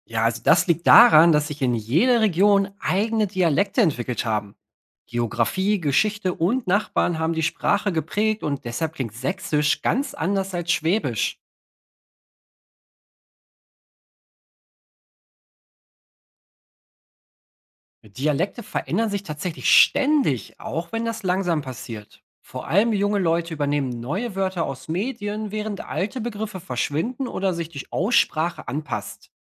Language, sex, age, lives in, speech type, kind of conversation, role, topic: German, male, 30-34, Germany, read, expert-assistant, expert, Wie kann ich herausfinden, warum Menschen in verschiedenen Regionen unterschiedlich sprechen?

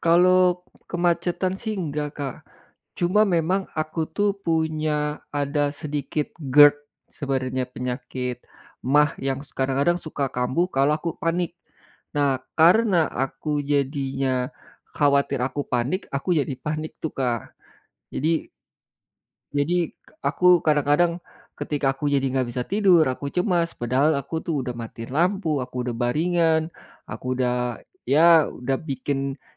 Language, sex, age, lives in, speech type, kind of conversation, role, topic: Indonesian, male, 45-49, Indonesia, conversation, advice, user, Mengapa saya merasa cemas sebelum tidur hingga pikiran terus aktif?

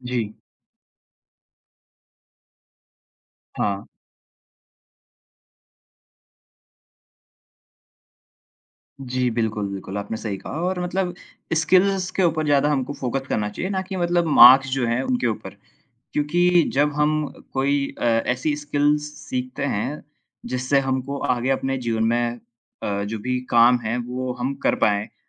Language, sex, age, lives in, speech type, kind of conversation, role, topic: Hindi, male, 20-24, India, conversation, unstructured, none, क्या वर्तमान परीक्षा प्रणाली छात्रों का सही और निष्पक्ष मूल्यांकन करती है?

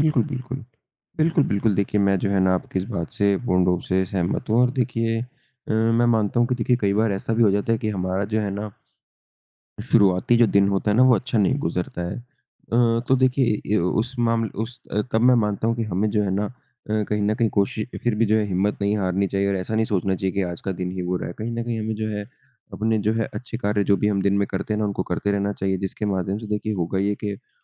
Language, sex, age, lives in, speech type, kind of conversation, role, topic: Hindi, male, 20-24, India, conversation, unstructured, none, आपके लिए एक अच्छा दिन कैसा होता है?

